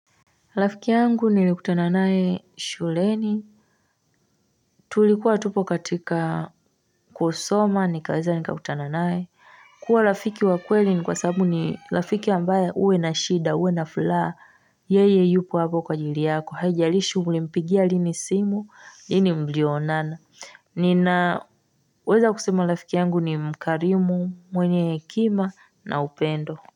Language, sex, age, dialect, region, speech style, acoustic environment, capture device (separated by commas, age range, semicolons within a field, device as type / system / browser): Swahili, female, 25-34, Tanzania - Dar es Salaam, Tanzania, relaxed, silence; nature, mobile / Linux / Chrome